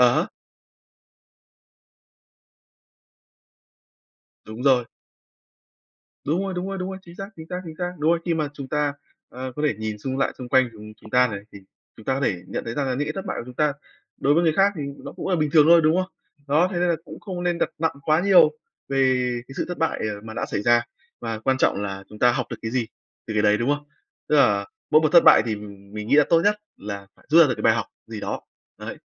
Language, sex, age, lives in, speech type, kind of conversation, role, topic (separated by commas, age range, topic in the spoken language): Vietnamese, male, 20-24, Vietnam, conversation, unstructured, none, Làm thế nào để vượt qua những thất bại trong cuộc sống?